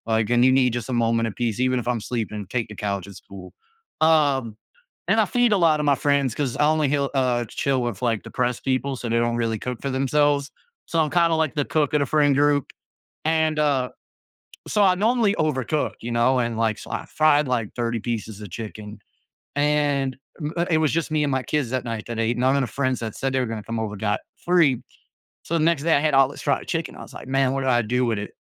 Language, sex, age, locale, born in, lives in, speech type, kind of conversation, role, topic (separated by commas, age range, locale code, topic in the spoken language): English, male, 30-34, en-US, United States, United States, conversation, podcast, guest, How can we turn leftover food into new and interesting meals?